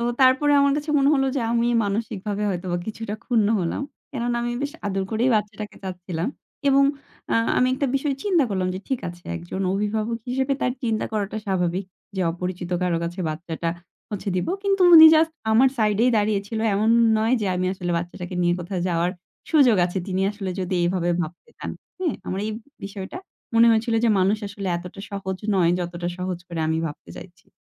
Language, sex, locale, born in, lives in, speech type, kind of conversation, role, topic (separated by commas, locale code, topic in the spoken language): Bengali, female, bn-BD, Bangladesh, Bangladesh, conversation, podcast, guest, কাউকে সাহায্য করার পর হঠাৎ কী আশ্চর্য ফল দেখেছো?